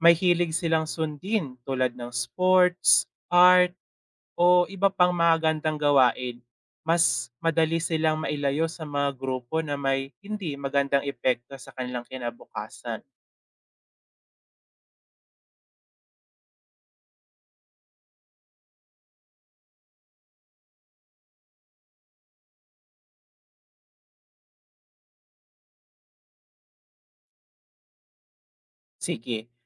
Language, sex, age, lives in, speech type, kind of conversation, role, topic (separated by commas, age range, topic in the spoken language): Filipino, male, 20-24, Philippines, read, expert-assistant, expert, Paano nakakaapekto ang peer pressure sa mga desisyon ng kabataan?